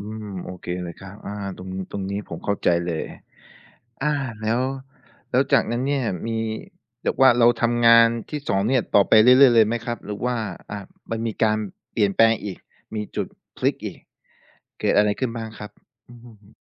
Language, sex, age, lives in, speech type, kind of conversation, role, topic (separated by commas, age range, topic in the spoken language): Thai, male, 25-29, Thailand, conversation, podcast, host, เวลาเลือกงาน คุณให้ความสำคัญกับความมั่นคงระยะยาวหรือเงินเดือนตอนนี้มากกว่ากัน?